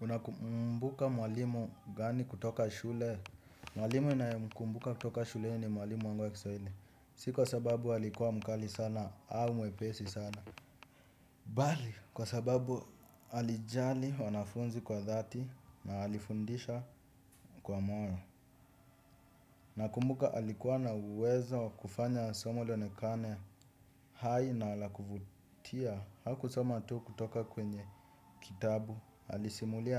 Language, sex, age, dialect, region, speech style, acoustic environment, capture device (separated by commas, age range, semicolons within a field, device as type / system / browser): Swahili, male, 18-24, Kenya - Nairobi Sheng-influenced, Kenya, happy, silence, desktop / Windows / Chrome